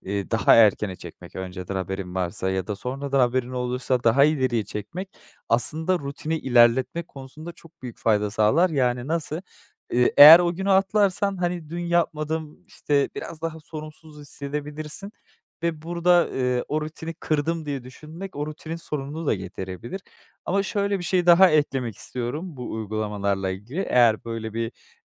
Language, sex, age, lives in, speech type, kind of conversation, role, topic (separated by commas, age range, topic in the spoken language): Turkish, male, 25-29, Netherlands, conversation, advice, advisor, Egzersiz yapmayı neden sürekli erteliyorum?